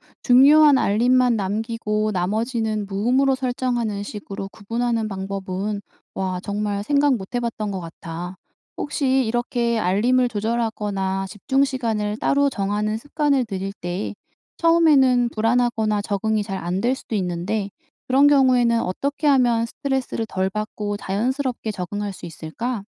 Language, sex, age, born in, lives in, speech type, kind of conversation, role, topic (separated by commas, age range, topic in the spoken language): Korean, female, 35-39, South Korea, South Korea, read, expert-assistant, asker, 직장인들이 퇴근 후 정신 건강을 회복하려면 어떤 방법이 효과적일까요?